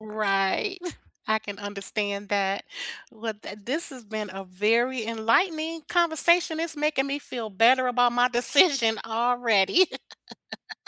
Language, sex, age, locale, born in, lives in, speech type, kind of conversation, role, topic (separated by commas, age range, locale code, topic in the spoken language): English, female, 55-59, en-US, United States, United States, conversation, unstructured, none, How can you set gentle boundaries that bring you closer, not apart?